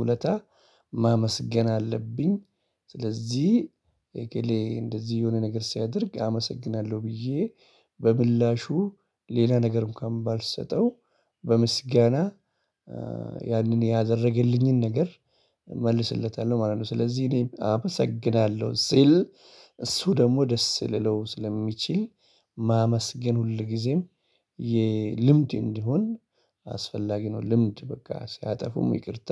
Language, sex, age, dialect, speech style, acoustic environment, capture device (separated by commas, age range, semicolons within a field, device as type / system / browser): Amharic, male, 35-44, Ethiopia - Addis Ababa, excited; focused, people talking; appliances, mobile / Linux / Chrome